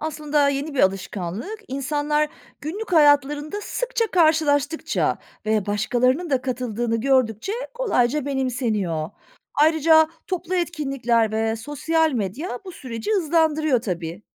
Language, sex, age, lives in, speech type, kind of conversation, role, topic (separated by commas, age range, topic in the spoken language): Turkish, female, 40-44, Germany, read, expert-assistant, expert, Bir şehirde farklı kültürlerin bir arada yaşaması günlük yaşantıyı nasıl değiştirebilir?